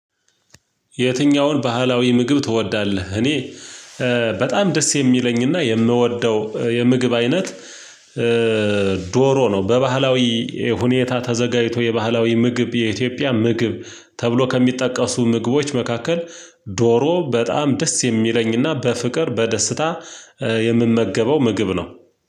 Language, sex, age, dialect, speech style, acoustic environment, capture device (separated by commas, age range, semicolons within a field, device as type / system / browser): Amharic, male, 35-44, Ethiopia - Gojjam, happy; excited, silence, mobile / Linux / Chrome